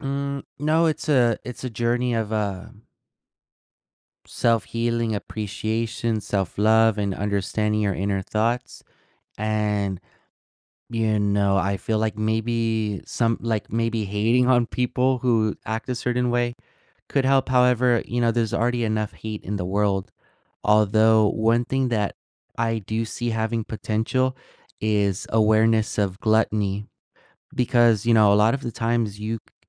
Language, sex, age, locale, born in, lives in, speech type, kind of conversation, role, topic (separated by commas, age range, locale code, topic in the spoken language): English, male, 20-24, en-US, United States, United States, conversation, unstructured, none, How does reading stories about child hunger make you feel?